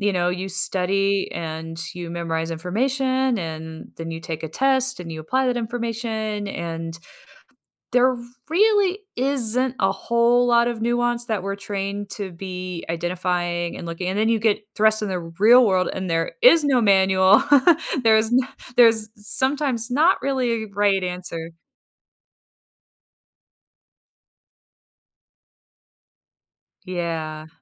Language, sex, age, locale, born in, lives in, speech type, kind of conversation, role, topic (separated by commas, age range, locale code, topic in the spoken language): English, female, 40-44, en-US, United States, United States, conversation, podcast, host, What helps someone succeed and feel confident when starting a new job?